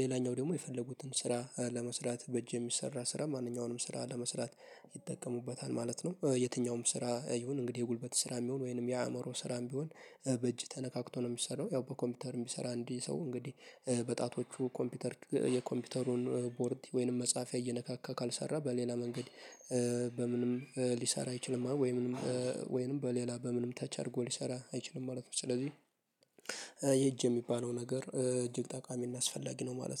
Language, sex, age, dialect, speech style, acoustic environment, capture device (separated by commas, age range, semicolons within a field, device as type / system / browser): Amharic, male, 18-24, Ethiopia - Addis Ababa, happy; focused, music; nature, mobile / Linux / Chrome